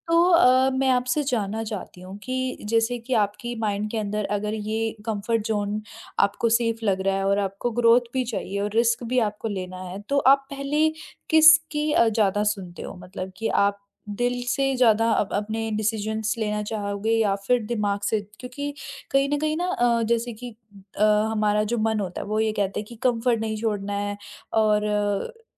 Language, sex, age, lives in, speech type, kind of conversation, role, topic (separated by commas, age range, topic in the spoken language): Hindi, female, 40-44, India, conversation, podcast, host, Jab comfort aur growth mein takraav ho, tum kaise faisla karte ho?